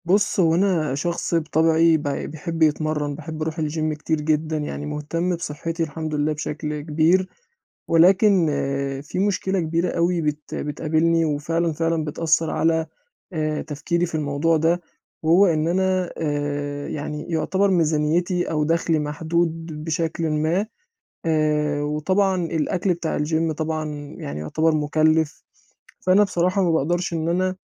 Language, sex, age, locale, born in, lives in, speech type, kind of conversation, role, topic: Arabic, male, 20-24, ar-EG, Egypt, Egypt, conversation, advice, user, إزاي أختار أكل صحي وأنا ميزانيتي محدودة؟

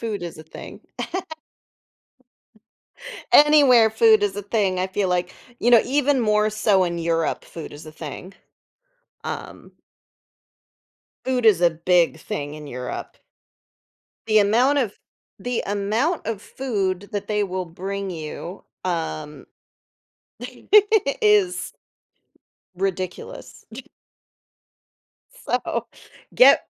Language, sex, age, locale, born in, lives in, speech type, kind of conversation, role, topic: English, female, 35-39, en-US, United States, United States, conversation, advice, advisor, How can I plan a budget-friendly trip that balances relaxation and sightseeing?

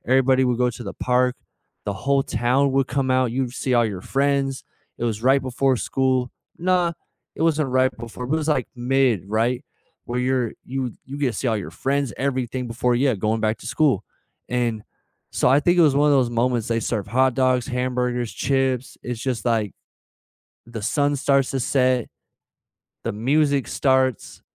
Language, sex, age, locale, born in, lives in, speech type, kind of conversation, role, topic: English, male, 25-29, en-US, United States, United States, conversation, unstructured, none, Which childhood tradition have you carried into adulthood or reinvented, and how does it still connect you to people and places you love?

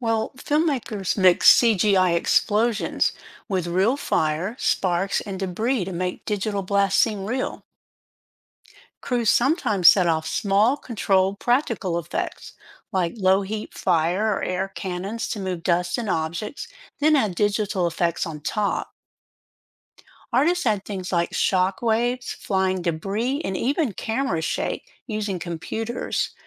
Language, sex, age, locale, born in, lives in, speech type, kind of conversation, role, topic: English, female, 60-64, en-US, United States, United States, read, expert-assistant, expert, How do movie special effects create realistic scenes?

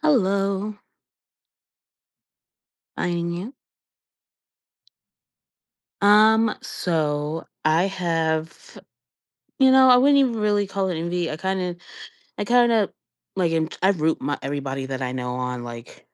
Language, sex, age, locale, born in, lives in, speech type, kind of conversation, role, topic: English, female, 25-29, en-US, United States, United States, conversation, advice, user, How can I stop comparing myself to a naturally talented peer?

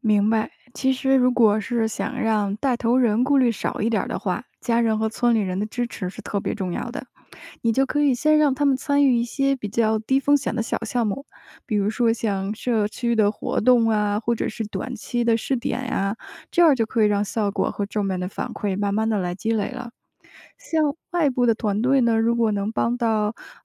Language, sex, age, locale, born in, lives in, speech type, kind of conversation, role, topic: Chinese, female, 30-34, zh-CN, China, United States, read, expert-assistant, expert, 农村偏远地区如何激发青年创业带动经济发展？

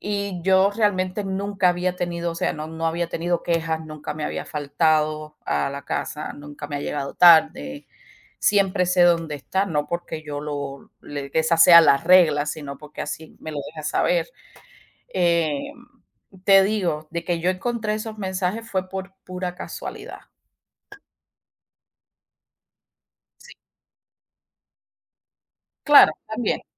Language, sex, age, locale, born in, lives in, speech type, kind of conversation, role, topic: Spanish, female, 40-44, es-CU, Cuba, United States, conversation, unstructured, none, ¿Se puede perdonar una infidelidad y por qué?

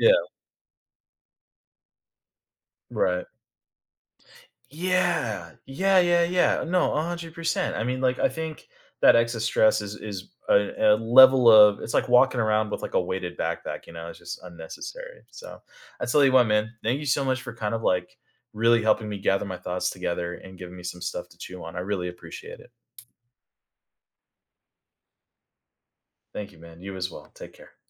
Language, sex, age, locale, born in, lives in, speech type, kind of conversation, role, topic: English, male, 30-34, en-US, United States, United States, conversation, advice, user, How can I be more present daily?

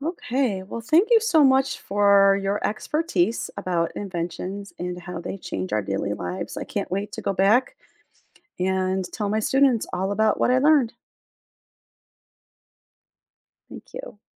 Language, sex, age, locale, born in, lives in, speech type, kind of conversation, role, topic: English, female, 45-49, en-US, United States, United States, read, expert-assistant, asker, How do inventions change our daily lives?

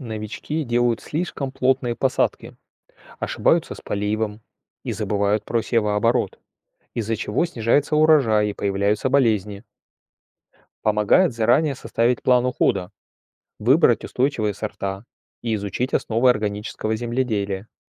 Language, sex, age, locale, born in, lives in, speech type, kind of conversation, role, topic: Russian, male, 45-49, ru-RU, Russia, Germany, read, expert-assistant, expert, Какие плюсы и минусы выращивания органических овощей на даче или ферме?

